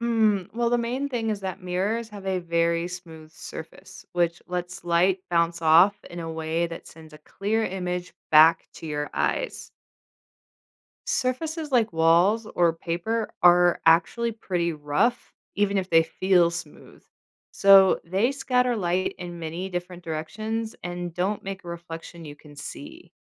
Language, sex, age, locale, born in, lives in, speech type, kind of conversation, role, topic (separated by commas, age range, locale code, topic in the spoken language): English, female, 40-44, en-US, United States, United States, read, expert-assistant, expert, Why do we see our reflection in a mirror?